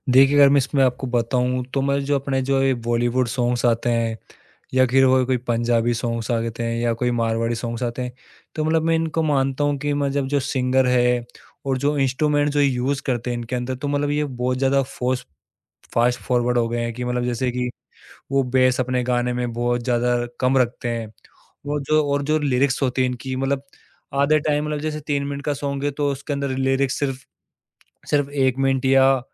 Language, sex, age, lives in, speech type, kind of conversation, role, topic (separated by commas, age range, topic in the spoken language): Hindi, male, 25-29, India, conversation, podcast, guest, Social media ne tumhari music taste ko kaise badla?